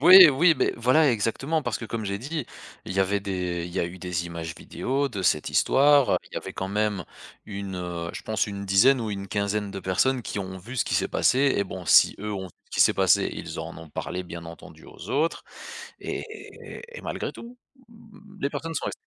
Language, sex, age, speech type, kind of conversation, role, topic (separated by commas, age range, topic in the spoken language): French, male, 35-39, conversation, podcast, guest, Peux-tu raconter un moment où ton travail t’a fait changer de perspective ?